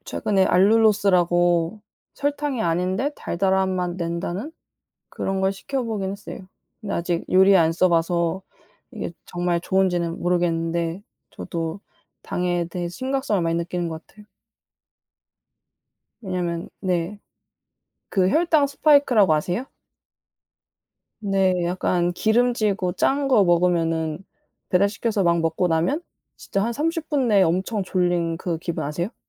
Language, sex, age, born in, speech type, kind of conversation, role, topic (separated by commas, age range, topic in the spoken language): Korean, female, 20-24, South Korea, conversation, unstructured, none, 건강을 위해 가장 필요한 습관은 무엇이라고 생각하나요?